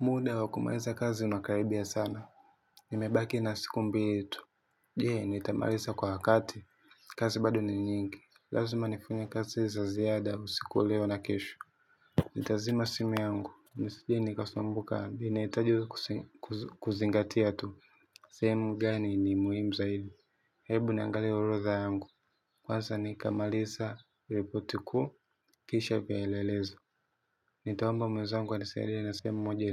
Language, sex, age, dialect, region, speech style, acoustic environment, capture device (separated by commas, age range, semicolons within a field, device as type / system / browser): Swahili, male, 18-24, Tanzania - Dar es Salaam, Tanzania, happy; excited, silence, mobile / Linux / Chrome